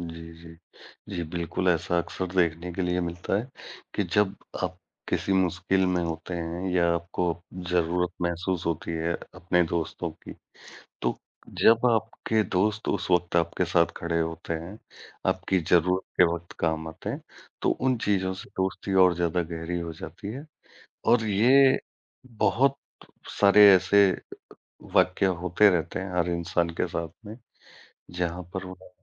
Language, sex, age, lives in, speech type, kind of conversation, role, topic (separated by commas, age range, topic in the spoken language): Hindi, male, 40-44, India, conversation, unstructured, none, दोस्ती में आपके लिए सबसे ज़रूरी बात क्या है?